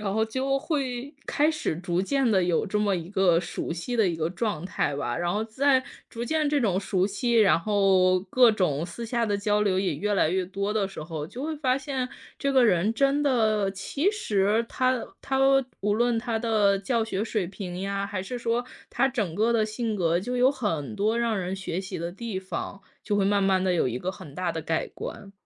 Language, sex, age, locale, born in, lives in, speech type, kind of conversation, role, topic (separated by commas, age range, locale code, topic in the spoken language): Chinese, female, 30-34, zh-CN, China, United States, conversation, podcast, guest, 你能回忆一下至今对你影响最大的那位老师吗？